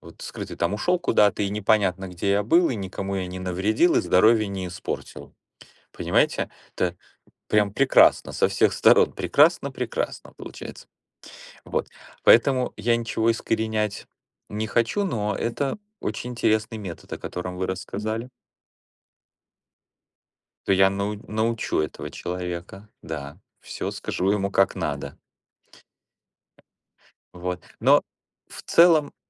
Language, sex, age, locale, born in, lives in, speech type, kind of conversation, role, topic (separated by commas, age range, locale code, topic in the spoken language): Russian, male, 45-49, ru-RU, Ukraine, United States, conversation, unstructured, none, Почему так трудно заставить человека отказаться от вредных привычек?